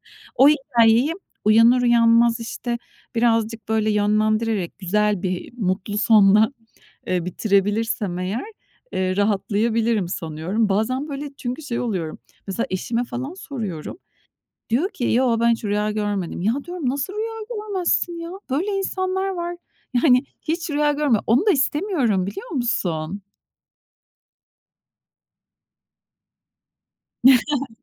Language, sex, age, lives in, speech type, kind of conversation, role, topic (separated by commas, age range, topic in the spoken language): Turkish, female, 45-49, Spain, conversation, advice, user, Kâbuslar yüzünden uyku kalitem bozuluyor; ne yapabilirim?